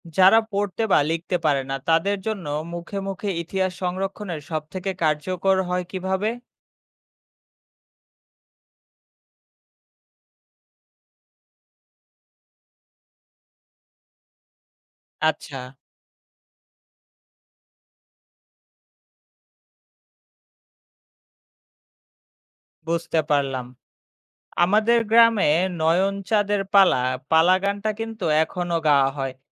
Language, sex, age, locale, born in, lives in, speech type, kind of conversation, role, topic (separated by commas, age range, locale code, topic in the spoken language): Bengali, male, 25-29, bn-BD, Bangladesh, Bangladesh, read, expert-assistant, asker, আমরা কীভাবে গ্রামের পুরনো ধ্বংসাবশেষ দেখে সেখানকার মানুষের দৈনন্দিন জীবন কল্পনা করতে পারি?